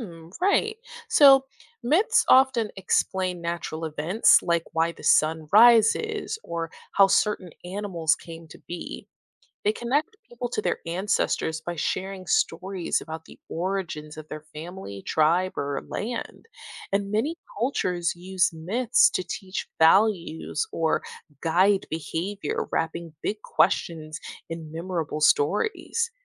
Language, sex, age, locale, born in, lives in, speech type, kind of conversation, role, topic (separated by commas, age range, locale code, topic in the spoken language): English, female, 25-29, en-US, United States, United States, read, expert-assistant, expert, What are some traditional ways of storytelling in different cultures?